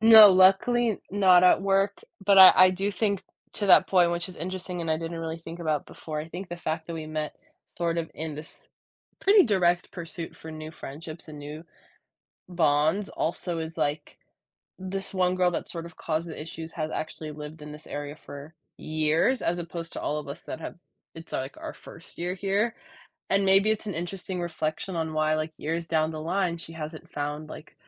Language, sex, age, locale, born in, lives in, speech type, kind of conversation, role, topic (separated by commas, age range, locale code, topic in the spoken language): English, female, 20-24, en-US, United States, United States, conversation, podcast, guest, What helps you maintain strong friendships when you don’t see eye to eye?